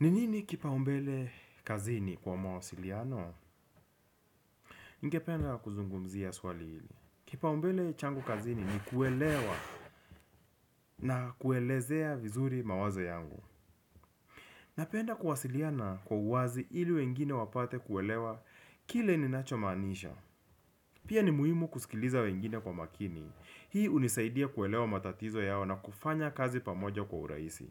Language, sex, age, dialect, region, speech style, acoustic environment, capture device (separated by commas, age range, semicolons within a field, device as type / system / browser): Swahili, male, 25-34, Kenya - Nairobi Sheng-influenced, Kenya, relaxed; focused, people talking; music; nature; traffic; appliances, desktop / Windows / Chrome